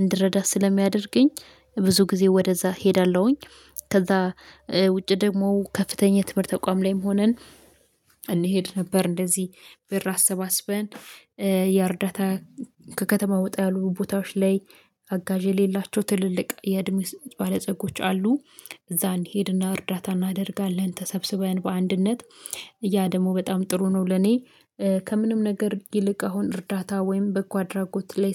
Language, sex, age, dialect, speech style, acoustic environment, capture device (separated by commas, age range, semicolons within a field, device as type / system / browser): Amharic, female, 25-34, Ethiopia - Addis Ababa, happy; excited, silence, mobile / Linux / Chrome